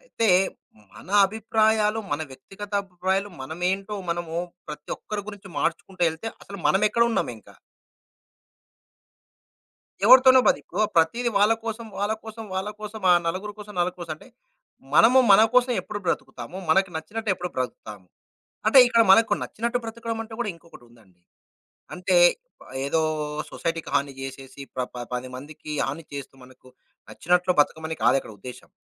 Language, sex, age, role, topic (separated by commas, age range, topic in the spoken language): Telugu, male, 35-39, guest, మీ శైలి మార్పు వల్ల మీ వ్యక్తిగత సంబంధాలు ఎలా మారాయి?